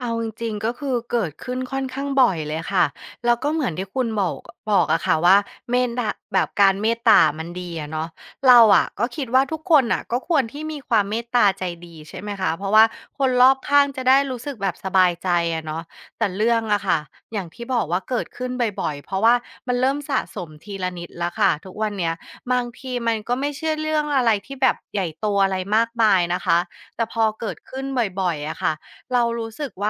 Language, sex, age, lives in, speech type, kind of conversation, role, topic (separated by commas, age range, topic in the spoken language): Thai, female, 35-39, Thailand, conversation, advice, user, คุณรู้สึกว่าเพื่อนใช้ความเมตตาเป็นข้ออ้างหรือไม่?